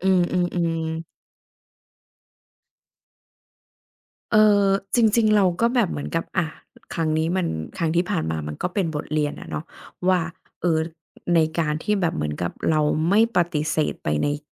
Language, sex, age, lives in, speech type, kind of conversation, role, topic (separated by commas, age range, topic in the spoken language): Thai, female, 40-44, Thailand, conversation, podcast, guest, คุณเคยเจอความยากลำบากอะไรบ้างเวลาต้องพูดความจริงกับหัวหน้า?